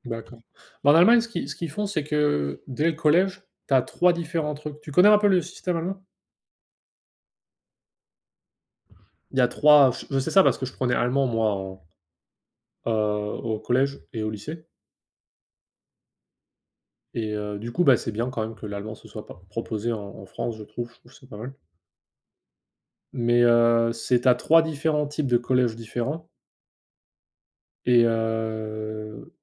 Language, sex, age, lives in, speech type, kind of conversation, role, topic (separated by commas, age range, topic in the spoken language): French, male, 25-29, United States, conversation, unstructured, none, As-tu déjà eu l’impression que le système freine tes ambitions ?